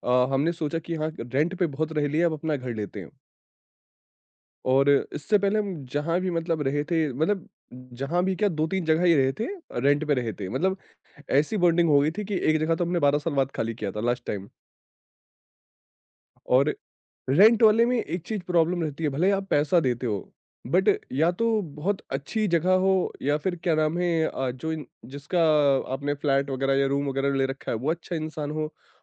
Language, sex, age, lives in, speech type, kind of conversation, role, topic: Hindi, male, 25-29, India, conversation, podcast, guest, Ghar kharidna ya kiraye par rehna: faisla kaise karein?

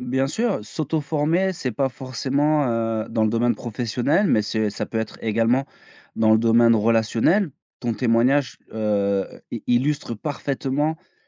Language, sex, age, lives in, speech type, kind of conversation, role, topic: French, male, 35-39, France, conversation, podcast, host, Comment utilises-tu Internet pour t’autoformer ?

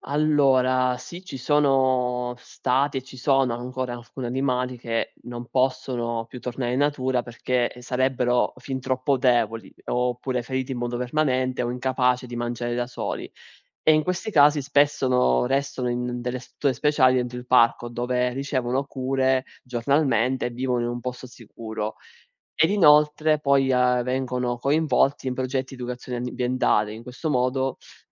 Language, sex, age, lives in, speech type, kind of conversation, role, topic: Italian, male, 20-24, Italy, read, expert-assistant, expert, Come si protegge la fauna selvatica nei parchi naturali?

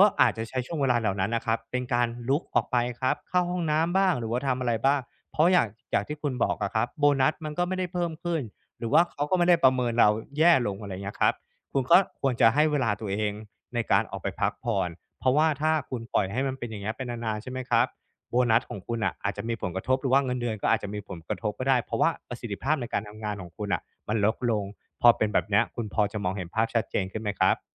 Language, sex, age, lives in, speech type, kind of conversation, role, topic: Thai, male, 35-39, Thailand, conversation, advice, advisor, จะเริ่มพักฟื้นอย่างไรและควรบอกคนรอบข้างอย่างไรเมื่อรู้สึกว่าร่างกายกับจิตใจต้องการหยุดพักชั่วคราว?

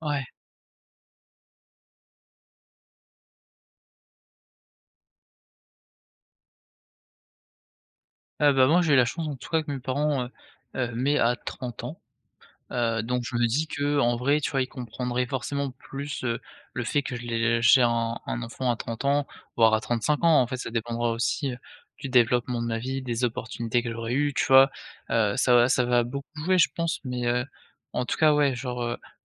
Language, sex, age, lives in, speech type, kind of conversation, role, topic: French, male, 20-24, France, conversation, advice, user, Comment gérer les attentes de ma famille concernant le mariage et le fait d’avoir des enfants ?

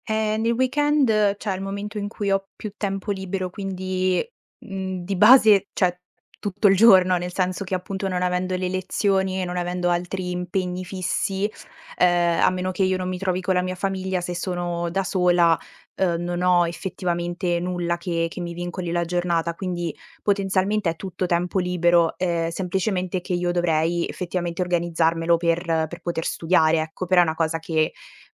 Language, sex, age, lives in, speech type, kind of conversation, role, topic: Italian, female, 20-24, Italy, conversation, advice, user, Come posso bilanciare il tempo libero nel weekend con le mie responsabilità familiari e lavorative?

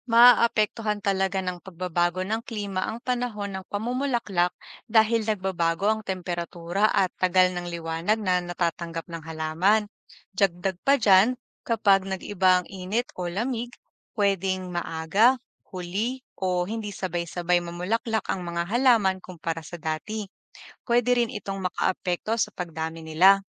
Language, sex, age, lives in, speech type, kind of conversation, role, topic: Filipino, female, 25-29, Philippines, read, expert-assistant, expert, Bakit may mga halaman na namumulaklak lang sa isang panahon?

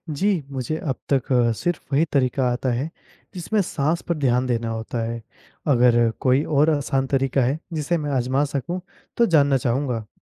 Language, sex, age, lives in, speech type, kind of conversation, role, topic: Hindi, male, 20-24, India, read, expert-assistant, asker, स्वस्थ मन के लिए ध्यान क्यों जरूरी है?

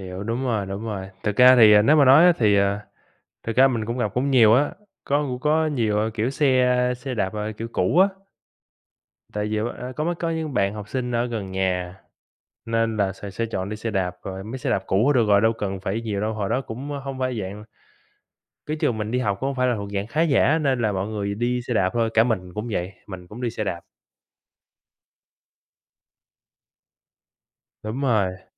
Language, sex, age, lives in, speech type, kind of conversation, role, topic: Vietnamese, male, 25-29, United States, conversation, unstructured, none, Bạn nghĩ thế nào về việc dùng xe đạp so với xe máy để di chuyển?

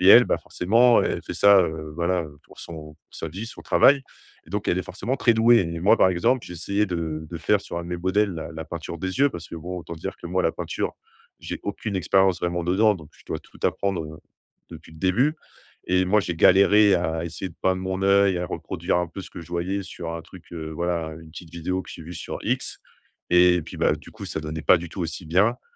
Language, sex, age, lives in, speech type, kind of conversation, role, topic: French, male, 30-34, France, conversation, advice, user, Comment puis-je gérer mon autocritique et réduire ma négativité intérieure ?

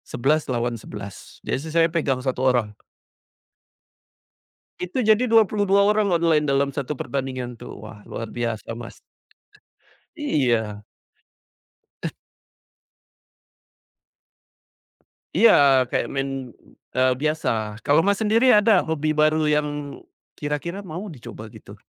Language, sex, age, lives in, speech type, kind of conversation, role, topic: Indonesian, male, 40-44, Indonesia, conversation, unstructured, none, Hobi apa yang paling membuatmu bahagia?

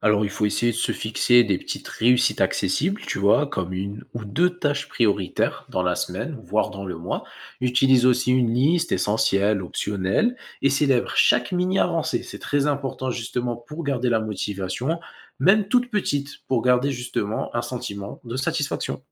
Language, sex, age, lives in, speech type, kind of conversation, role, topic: French, male, 20-24, France, read, expert-assistant, expert, Comment développer une productivité qui respecte mon rythme et mon bien-être ?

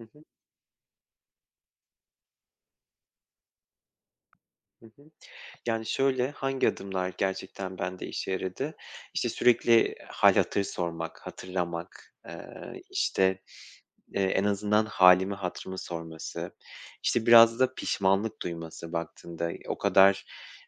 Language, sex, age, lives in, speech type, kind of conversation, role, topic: Turkish, male, 30-34, Poland, conversation, podcast, guest, Tekrar güven kazanmak için küçük adımlar nelerdir, örnek verir misin?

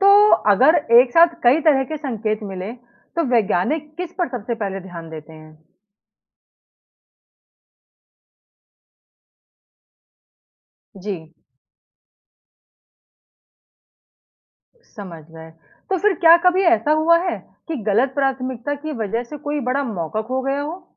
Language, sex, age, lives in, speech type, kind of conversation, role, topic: Hindi, female, 35-39, India, read, expert-assistant, asker, अगर हमें किसी नए ग्रह पर जीवन खोजने का अभियान शुरू करना हो, तो उसकी सबसे पहली तैयारी कैसे करें?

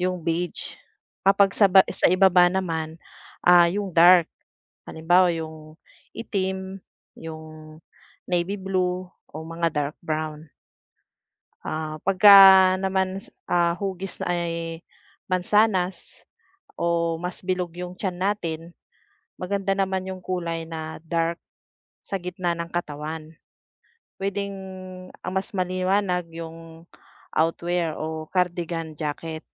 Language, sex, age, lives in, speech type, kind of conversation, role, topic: Filipino, female, 40-44, Philippines, conversation, advice, advisor, Paano ako pipili ng damit na akma sa pangangatawan ko?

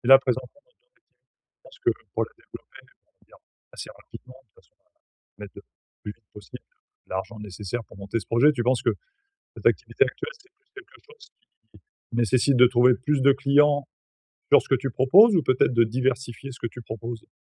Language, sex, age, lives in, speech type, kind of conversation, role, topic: French, male, 45-49, France, conversation, advice, advisor, Comment épargner pour l’avenir tout en profitant du présent ?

